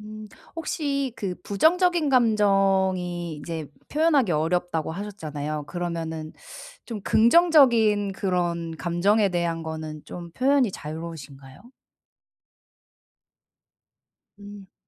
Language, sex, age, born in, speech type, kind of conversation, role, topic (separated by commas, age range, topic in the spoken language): Korean, female, 30-34, South Korea, conversation, advice, advisor, 솔직한 감정은 갈등 없이 어떻게 표현할 수 있나요?